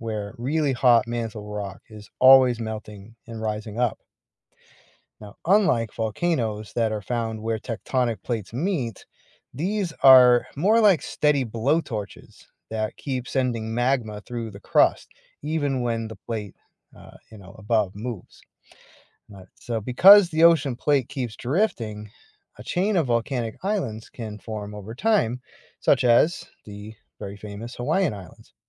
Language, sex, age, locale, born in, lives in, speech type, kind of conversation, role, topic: English, male, 45-49, en-US, United States, United States, read, expert-assistant, expert, How do volcanoes form islands in the ocean?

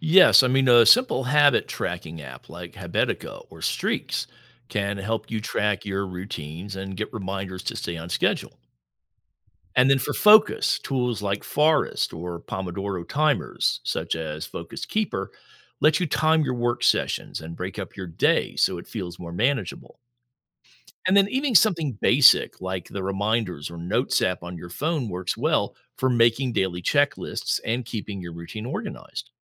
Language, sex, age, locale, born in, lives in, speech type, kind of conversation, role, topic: English, male, 60-64, en-US, United States, United States, read, expert-assistant, expert, What are some creative ways to solve everyday problems?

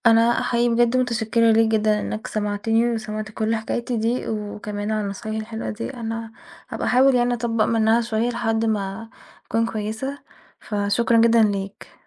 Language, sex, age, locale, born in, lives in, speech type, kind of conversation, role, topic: Arabic, female, 20-24, ar-EG, Egypt, Portugal, conversation, advice, user, إزاي بتتجنب الأماكن والذكريات اللي بتفكّرك بشريكك؟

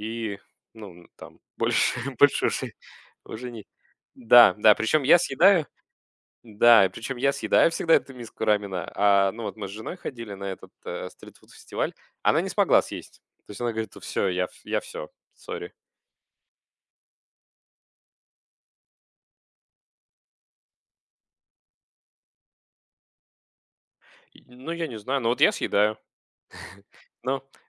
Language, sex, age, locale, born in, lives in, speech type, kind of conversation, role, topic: Russian, male, 35-39, ru-UA, Russia, Germany, conversation, podcast, guest, Какую уличную еду обязательно стоит попробовать?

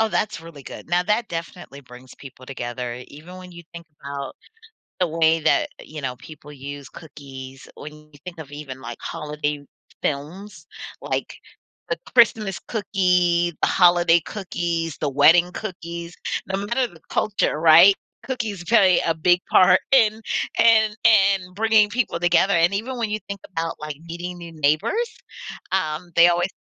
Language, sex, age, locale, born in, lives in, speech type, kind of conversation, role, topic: English, female, 40-44, en-US, United States, United States, conversation, podcast, host, Why do shared meals play such an important role in building relationships and community?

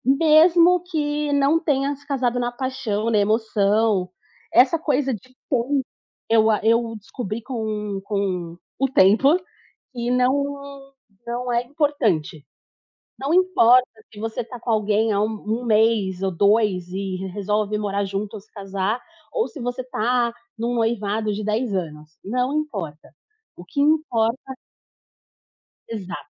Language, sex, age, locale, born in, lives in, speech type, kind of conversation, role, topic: Portuguese, female, 30-34, pt-BR, Brazil, Portugal, conversation, podcast, guest, Quando você sabe que é hora de terminar um relacionamento?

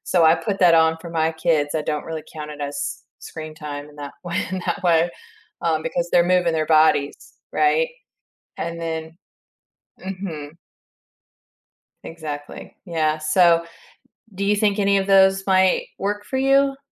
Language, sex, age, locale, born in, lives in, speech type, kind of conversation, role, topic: English, female, 35-39, en-US, United States, United States, conversation, advice, advisor, How can I balance multiple projects and avoid burnout?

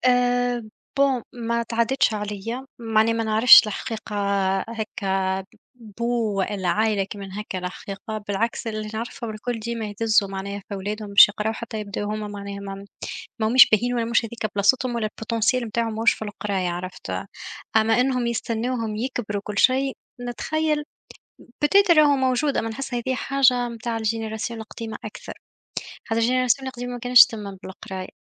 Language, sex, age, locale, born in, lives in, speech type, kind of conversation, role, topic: Arabic, female, 25-29, ar-TN, Tunisia, Germany, conversation, unstructured, none, إزاي الجوع والفقر بيأثروا على مستقبل الشباب؟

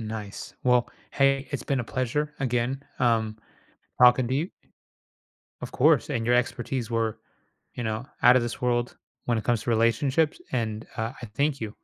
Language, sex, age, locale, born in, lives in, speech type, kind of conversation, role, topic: English, male, 30-34, en-US, United States, United States, conversation, podcast, host, What helps people build strong and lasting relationships?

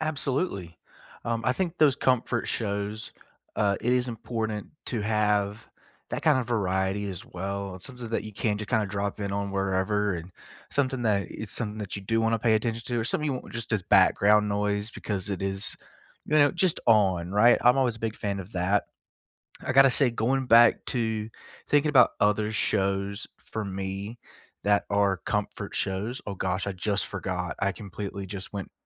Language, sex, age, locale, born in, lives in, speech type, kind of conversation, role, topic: English, male, 25-29, en-US, United States, United States, conversation, unstructured, none, Which comfort shows do you rewatch, why do they soothe you, and what do they reveal about you?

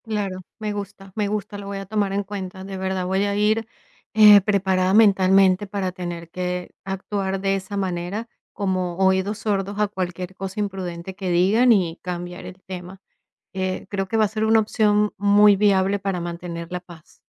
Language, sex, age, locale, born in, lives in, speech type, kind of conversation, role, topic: Spanish, female, 45-49, es-VE, Venezuela, United States, conversation, advice, user, ¿Cómo puedo manejar que mi familia me juzgue y me haga sentir incompetente en las reuniones?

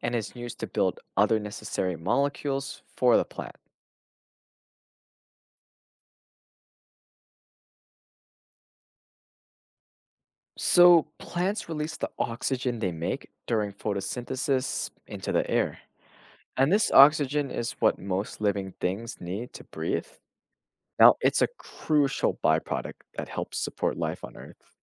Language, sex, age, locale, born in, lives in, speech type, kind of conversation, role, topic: English, male, 20-24, en-US, United States, United States, read, expert-assistant, expert, How does the process of photosynthesis work in plants?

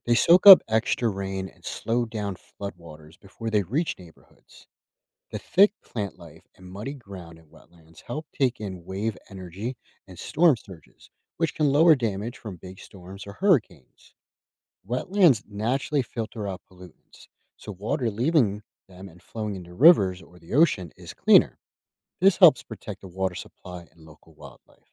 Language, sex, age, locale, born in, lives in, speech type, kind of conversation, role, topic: English, male, 30-34, en-US, United States, United States, read, expert-assistant, expert, What is the water cycle, and how does it work?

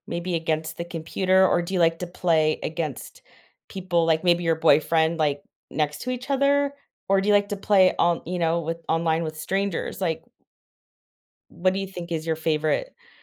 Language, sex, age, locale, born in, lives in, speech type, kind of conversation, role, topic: English, female, 40-44, en-US, United States, United States, conversation, podcast, host, How has your favorite hobby shaped your life or perspective?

